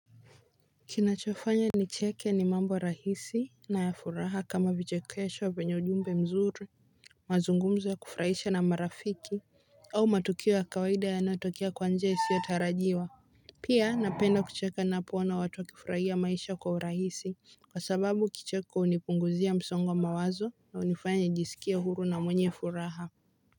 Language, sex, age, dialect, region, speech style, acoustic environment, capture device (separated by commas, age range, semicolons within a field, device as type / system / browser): Swahili, female, 18-24, Kenya - Mombasa, Kenya, relaxed, people talking, mobile / Linux / Chrome